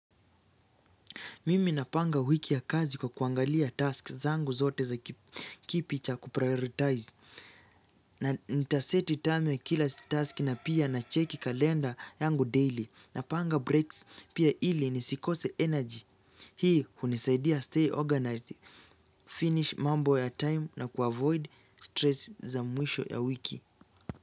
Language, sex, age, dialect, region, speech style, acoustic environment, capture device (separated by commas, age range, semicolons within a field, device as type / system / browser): Swahili, male, 18-24, Kenya - Nairobi Sheng-influenced, Kenya, focused; relaxed; excited, nature; silence, mobile / Linux / Chrome